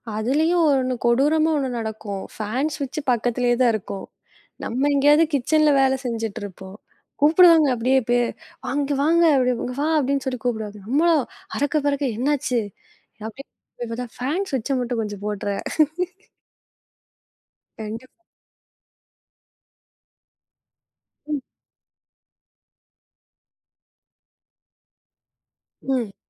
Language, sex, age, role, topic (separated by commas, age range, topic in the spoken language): Tamil, female, 20-24, host, வருங்காலத்தில் வேலைகள் எளிதாகுமா, அல்லது இன்னும் கடினமாகுமா?